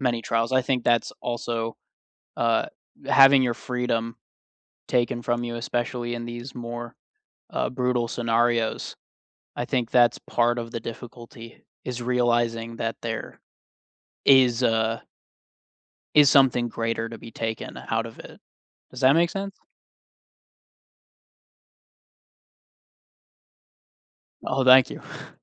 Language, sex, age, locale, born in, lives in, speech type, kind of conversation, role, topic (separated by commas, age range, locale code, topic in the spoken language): English, male, 30-34, en-US, United States, United States, conversation, unstructured, none, What scares you most about losing your freedom to choose?